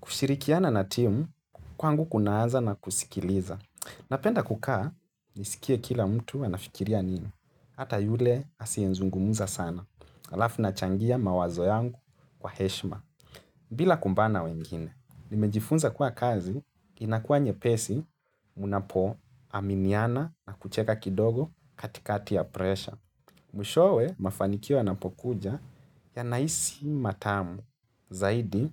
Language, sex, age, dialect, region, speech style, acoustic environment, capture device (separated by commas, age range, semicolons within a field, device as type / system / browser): Swahili, male, 25-34, Kenya - Nairobi Sheng-influenced, Kenya, relaxed, nature; silence, mobile / Linux / Chrome